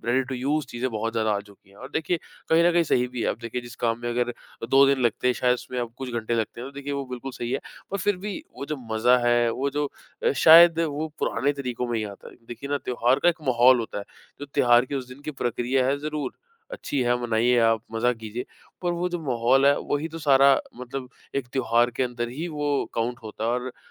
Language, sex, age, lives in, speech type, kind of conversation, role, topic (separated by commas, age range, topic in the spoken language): Hindi, male, 25-29, India, conversation, podcast, guest, त्योहारों और रीत-रिवाज़ों ने आपके जीवन पर क्या असर डाला है?